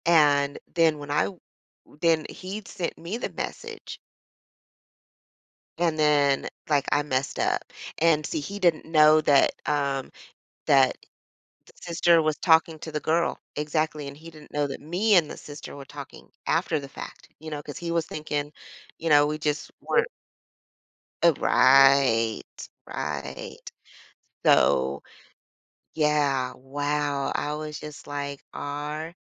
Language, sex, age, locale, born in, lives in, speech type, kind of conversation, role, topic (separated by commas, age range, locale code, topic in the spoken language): English, female, 45-49, en-US, United States, United States, conversation, advice, user, How can I confront my partner about dishonesty?